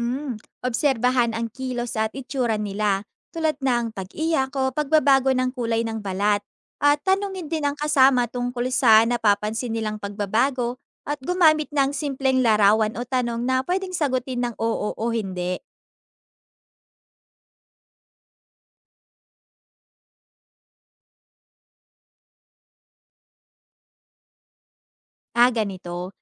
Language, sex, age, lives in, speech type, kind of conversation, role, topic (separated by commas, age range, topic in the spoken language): Filipino, female, 20-24, Philippines, read, expert-assistant, expert, Anong simple pero epektibong tips para matandaan ang mga sintomas ng iba’t ibang sakit?